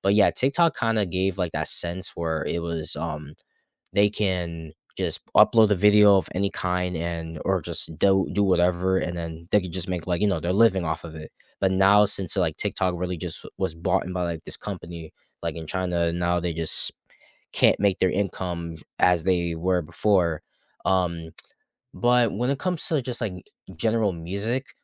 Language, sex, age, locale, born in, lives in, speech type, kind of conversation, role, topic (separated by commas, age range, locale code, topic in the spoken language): English, male, 20-24, en-US, United States, United States, conversation, unstructured, none, How does technology like auto-tune, social media, or streaming shape what we consider good music?